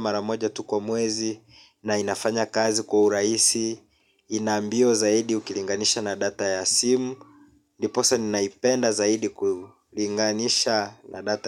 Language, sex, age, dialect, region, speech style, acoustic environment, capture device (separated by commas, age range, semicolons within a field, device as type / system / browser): Swahili, male, 25-34, Kenya - Mombasa, Kenya, relaxed, people talking, mobile / Linux / Chrome